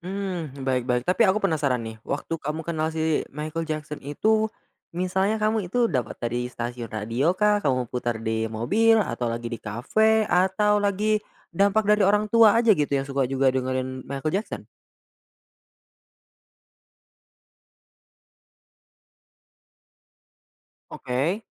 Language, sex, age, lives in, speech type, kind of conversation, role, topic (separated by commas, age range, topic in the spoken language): Indonesian, male, 20-24, Indonesia, conversation, podcast, host, Apakah pindah kota atau negara mengubah selera musikmu?